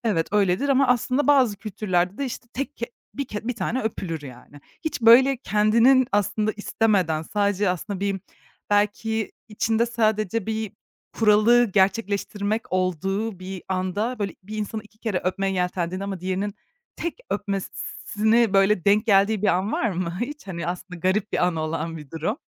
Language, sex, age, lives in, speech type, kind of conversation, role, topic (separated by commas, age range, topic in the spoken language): Turkish, female, 25-29, Germany, conversation, podcast, host, Tokalaşma, sarılma gibi samimi temaslar bize ne anlatır?